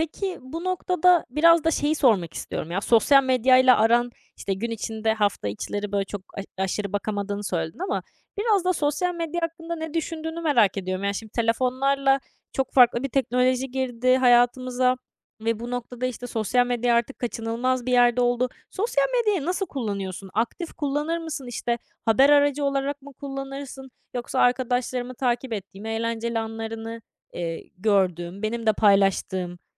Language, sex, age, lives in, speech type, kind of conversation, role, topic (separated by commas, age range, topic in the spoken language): Turkish, female, 20-24, France, conversation, podcast, host, Telefonu yatakta kullanmak uyku rutinini nasıl etkiliyor sence?